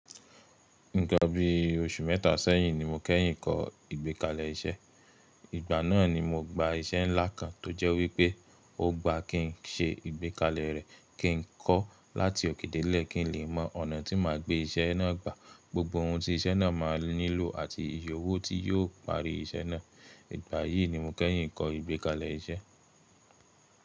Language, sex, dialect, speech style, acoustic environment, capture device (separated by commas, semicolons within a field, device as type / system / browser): Yoruba, male, Nigeria - Nigerian Standard, relaxed, silence; nature, mobile / Linux / Chrome